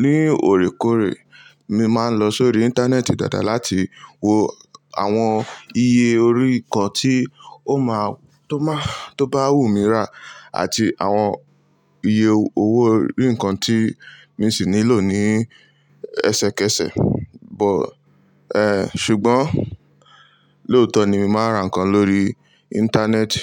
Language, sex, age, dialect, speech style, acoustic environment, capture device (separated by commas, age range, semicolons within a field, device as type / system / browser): Yoruba, male, 18-24, Nigeria - Lagos Yoruba, relaxed, nature, mobile / Linux / Chrome